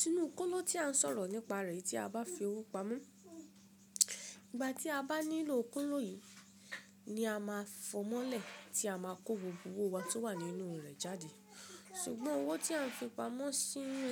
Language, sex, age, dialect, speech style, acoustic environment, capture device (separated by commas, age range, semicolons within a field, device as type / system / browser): Yoruba, female, 25-34, Nigeria - Ibadan, relaxed, people talking, mobile / Linux / Chrome